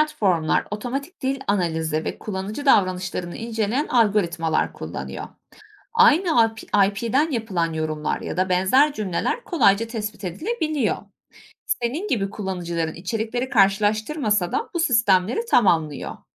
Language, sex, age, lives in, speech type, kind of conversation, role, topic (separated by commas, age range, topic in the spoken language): Turkish, female, 25-29, Germany, read, expert-assistant, expert, İnternette karşılaştığım bir ürün yorumunun gerçek mi, yoksa pazarlama amacıyla mı yazıldığını nasıl ayırt edebilirim?